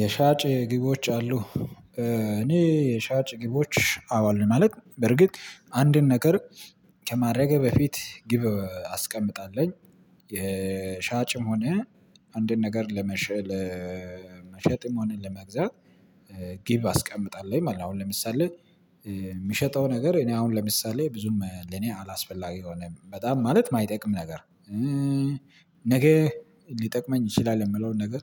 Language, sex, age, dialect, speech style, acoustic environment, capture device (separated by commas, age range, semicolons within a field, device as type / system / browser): Amharic, male, 25-34, Ethiopia - Addis Ababa, excited, nature, mobile / Linux / Chrome